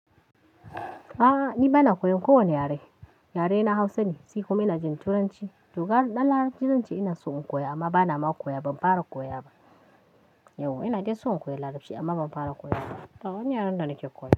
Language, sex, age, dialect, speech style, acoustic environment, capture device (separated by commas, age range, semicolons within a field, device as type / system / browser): Hausa, female, 25-34, Nigeria - Kano, happy, nature, mobile / Linux / Chrome